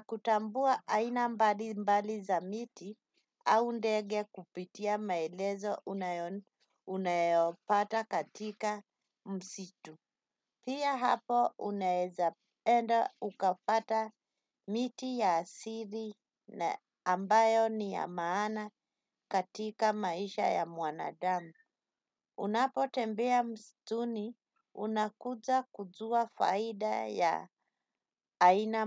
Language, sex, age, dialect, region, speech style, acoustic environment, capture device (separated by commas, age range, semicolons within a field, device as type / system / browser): Swahili, female, 35-44, Kenya - Nairobi Sheng-influenced, Kenya, relaxed, silence, desktop / Windows / Chrome